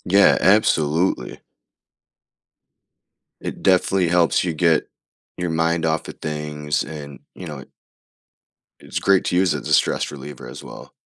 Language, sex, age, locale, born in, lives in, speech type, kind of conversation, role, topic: English, male, 35-39, en-US, South Korea, United States, conversation, unstructured, none, How do hobbies help you relax after a stressful day?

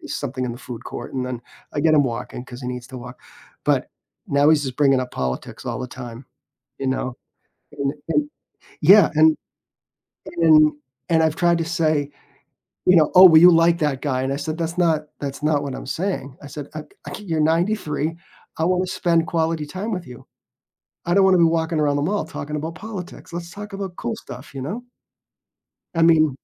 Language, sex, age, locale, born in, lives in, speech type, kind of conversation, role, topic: English, male, 55-59, en-US, United States, United States, conversation, podcast, host, How can we have respectful conversations with people who see the world differently?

